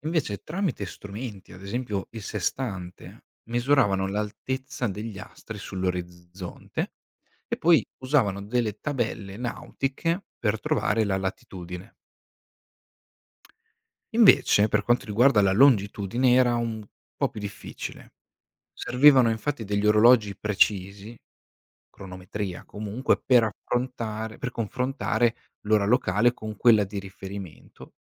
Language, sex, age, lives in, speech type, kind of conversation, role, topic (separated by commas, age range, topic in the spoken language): Italian, male, 25-29, Italy, read, expert-assistant, expert, Come si sono sviluppate le tecniche di navigazione nel corso dei secoli?